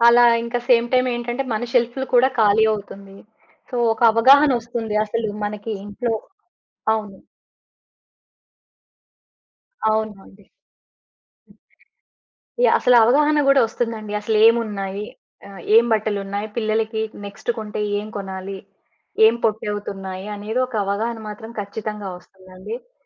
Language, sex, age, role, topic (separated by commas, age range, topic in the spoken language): Telugu, female, 40-44, guest, అవసరం లేని వస్తువులను మీరు ఎప్పుడు, ఎలా తొలగిస్తారు?